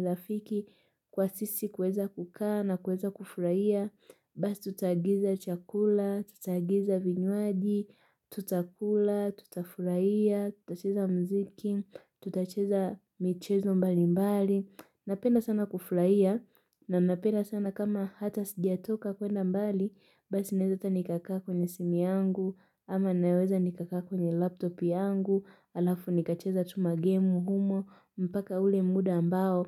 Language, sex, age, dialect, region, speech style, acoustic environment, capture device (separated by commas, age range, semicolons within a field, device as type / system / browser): Swahili, female, 18-24, Kenya - Nairobi Sheng-influenced, Kenya, happy; relaxed; excited; focused, nature, mobile / Linux / Chrome